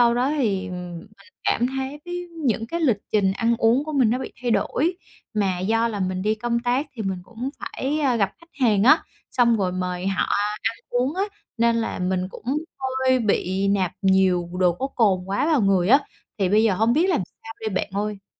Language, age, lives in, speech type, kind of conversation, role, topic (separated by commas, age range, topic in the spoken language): Vietnamese, 30-34, Vietnam, conversation, advice, user, Làm sao để ăn uống lành mạnh khi lịch sinh hoạt của bạn thay đổi?